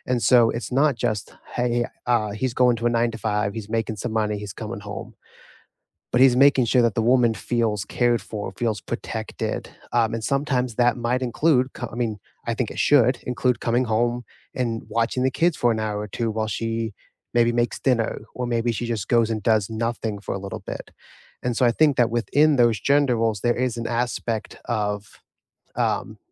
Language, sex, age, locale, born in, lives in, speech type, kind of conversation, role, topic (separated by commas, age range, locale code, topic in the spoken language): English, male, 25-29, en-US, United States, United States, conversation, unstructured, none, Will traditional gender roles help relationship stability or hinder equality and growth?